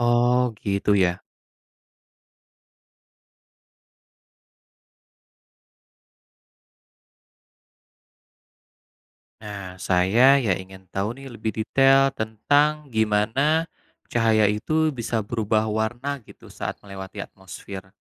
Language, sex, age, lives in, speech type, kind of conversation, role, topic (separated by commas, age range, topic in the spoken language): Indonesian, male, 35-39, Indonesia, read, expert-assistant, asker, Mengapa langit berwarna biru pada siang hari?